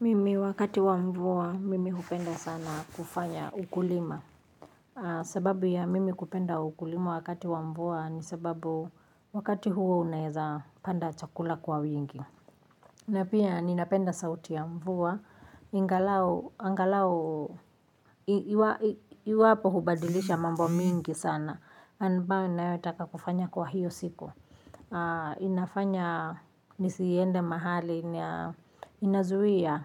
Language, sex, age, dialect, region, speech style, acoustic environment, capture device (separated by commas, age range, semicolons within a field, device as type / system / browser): Swahili, female, 35-44, Kenya - Nairobi Sheng-influenced, Kenya, happy, construction, mobile / Linux / Chrome